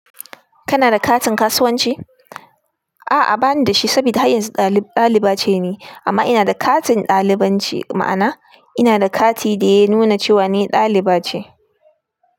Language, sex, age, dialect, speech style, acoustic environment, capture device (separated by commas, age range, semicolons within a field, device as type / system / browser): Hausa, female, 25-34, Nigeria - Kano, tired; nervous, nature; appliances, desktop / Linux / Chrome